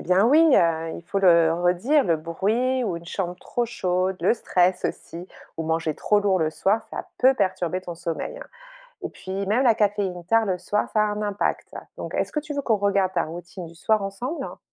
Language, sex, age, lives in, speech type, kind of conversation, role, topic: French, female, 45-49, France, read, expert-assistant, expert, Si on pouvait repenser la façon dont on dort, à quoi ressemblerait une nuit idéale pour être vraiment en forme ?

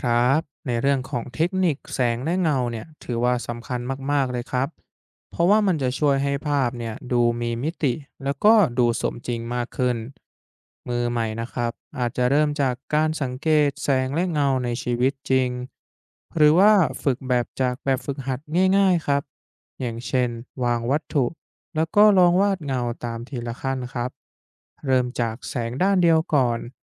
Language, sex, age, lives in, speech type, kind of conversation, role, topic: Thai, male, 20-24, Thailand, read, expert-assistant, expert, ถ้าอยากเริ่มต้นทำศิลปะดิจิทัลเป็นงานอดิเรกหรืออาชีพ ควรเริ่มจากอะไรดี?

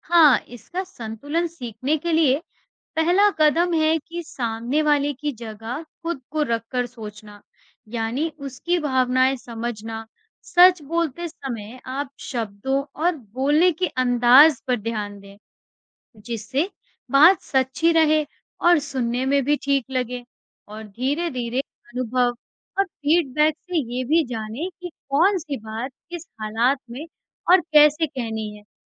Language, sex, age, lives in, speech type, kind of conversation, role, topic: Hindi, female, 40-44, India, read, expert-assistant, expert, सच्चाई बोलने के क्या लाभ हैं?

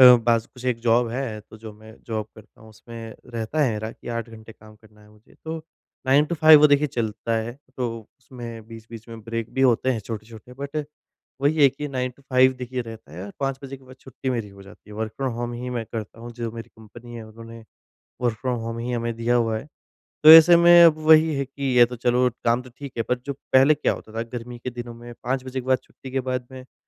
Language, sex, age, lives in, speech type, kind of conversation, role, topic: Hindi, male, 25-29, India, conversation, advice, user, मैं अपने शौक में लगातार रुचि और निरंतरता कैसे बनाए रखूँ?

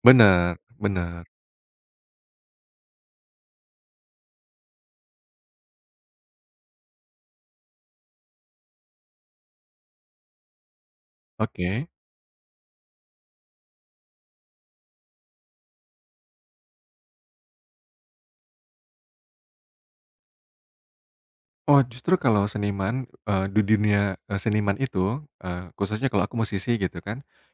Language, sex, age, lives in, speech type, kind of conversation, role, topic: Indonesian, male, 35-39, Indonesia, conversation, podcast, guest, Apa saran paling sederhana untuk mulai disiplin berkarya hari ini?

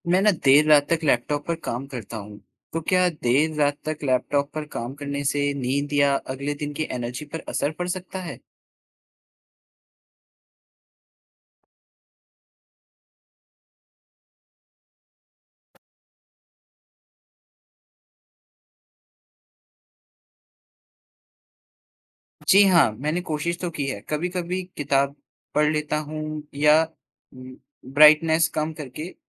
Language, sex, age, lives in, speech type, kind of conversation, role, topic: Hindi, male, 20-24, India, read, expert-assistant, asker, दिन भर बैठकर काम करने की आदत के शरीर पर क्या अच्छे-बुरे असर पड़ सकते हैं?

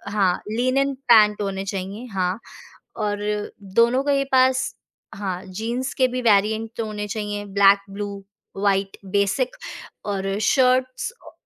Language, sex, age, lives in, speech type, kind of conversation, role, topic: Hindi, female, 25-29, India, conversation, podcast, guest, बजट में रहते हुए आप अच्छा लुक कैसे बना सकते हैं?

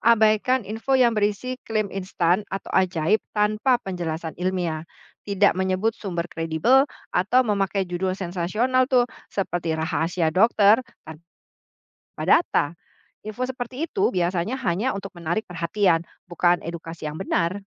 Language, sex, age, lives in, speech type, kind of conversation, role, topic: Indonesian, female, 45-49, Indonesia, read, expert-assistant, expert, Bagaimana cara memastikan informasi nutrisi yang saya temukan di internet benar dan bisa dipercaya?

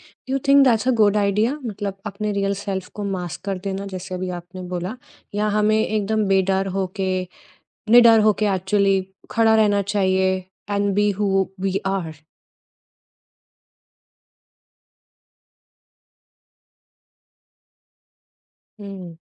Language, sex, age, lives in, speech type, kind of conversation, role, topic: Hindi, female, 30-34, India, conversation, podcast, host, Tum apni pehchaan kab chhupaate ho aur kab khulkar manaate ho?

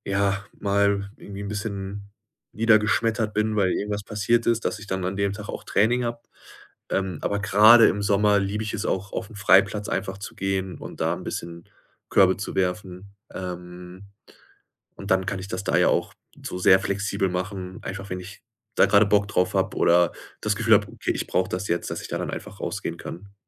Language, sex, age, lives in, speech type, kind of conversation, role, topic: German, male, 25-29, Germany, conversation, podcast, guest, Wie motivierst du dich nach einem Rückschlag wieder?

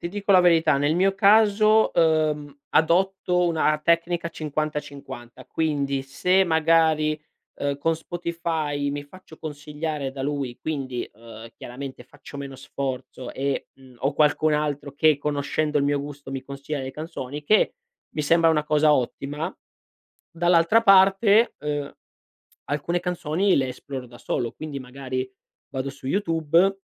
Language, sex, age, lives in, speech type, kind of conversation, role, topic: Italian, male, 25-29, Italy, conversation, podcast, guest, Come descriveresti il tuo gusto musicale oggi?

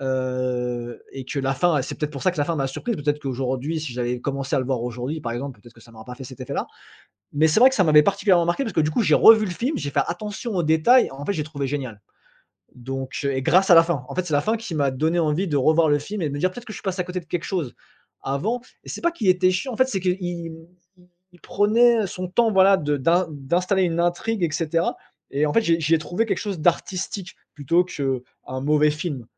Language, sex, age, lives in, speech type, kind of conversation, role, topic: French, male, 35-39, France, conversation, podcast, guest, Qu’est-ce qui rend une fin de série satisfaisante pour toi ?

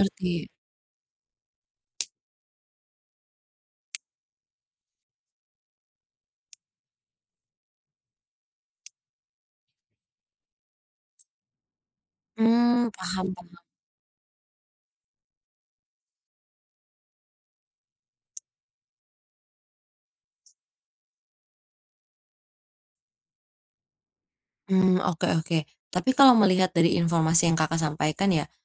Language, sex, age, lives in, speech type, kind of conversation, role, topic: Indonesian, female, 20-24, Indonesia, conversation, advice, advisor, Apa yang sebaiknya saya lakukan jika merasa lelah dan kewalahan karena terlalu banyak acara sosial akhir-akhir ini?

